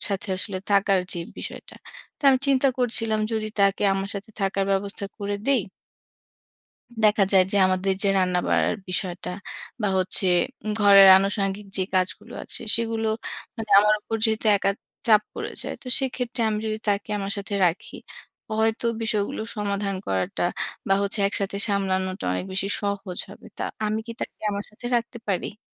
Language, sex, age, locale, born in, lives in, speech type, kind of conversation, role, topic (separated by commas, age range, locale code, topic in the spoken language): Bengali, female, 30-34, bn-BD, Bangladesh, Bangladesh, conversation, advice, user, নতুন শহরে একাকীত্ব আর পরিচিতি গড়তে না পারার হতাশা আপনি কীভাবে সামলাচ্ছেন?